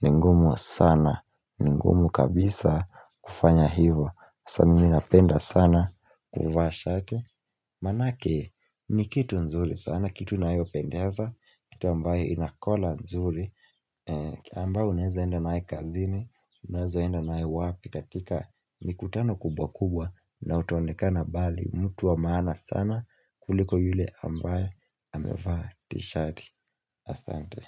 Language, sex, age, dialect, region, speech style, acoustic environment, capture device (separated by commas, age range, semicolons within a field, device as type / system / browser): Swahili, male, 18-24, Kenya - Mombasa, Kenya, focused, silence, mobile / Linux / Chrome